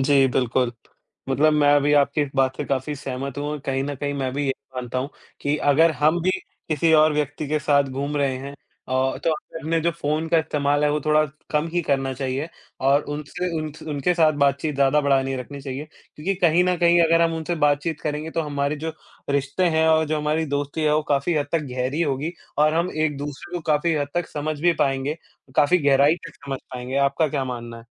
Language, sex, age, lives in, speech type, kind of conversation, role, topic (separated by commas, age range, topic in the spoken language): Hindi, male, 20-24, India, conversation, unstructured, none, क्या आपको लगता है कि मोबाइल फ़ोन हमारी बातचीत को खराब कर रहे हैं?